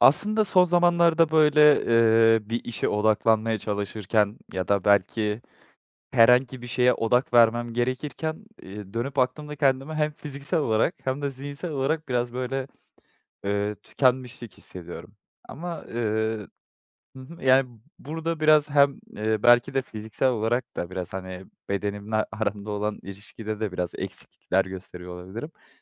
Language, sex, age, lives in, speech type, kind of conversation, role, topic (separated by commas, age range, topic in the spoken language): Turkish, male, 25-29, Netherlands, conversation, advice, user, Zihinsel yorgunluk ve tükenmişlik nedeniyle derin çalışmaya odaklanamıyorum; ne yapabilirim?